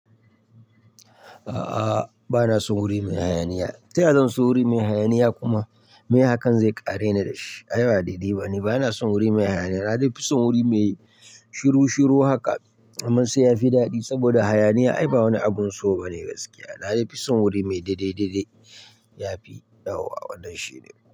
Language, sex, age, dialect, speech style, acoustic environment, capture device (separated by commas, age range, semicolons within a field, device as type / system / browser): Hausa, male, 25-34, Nigeria - Nigerian Standard, anxious, people talking, mobile / Linux / Chrome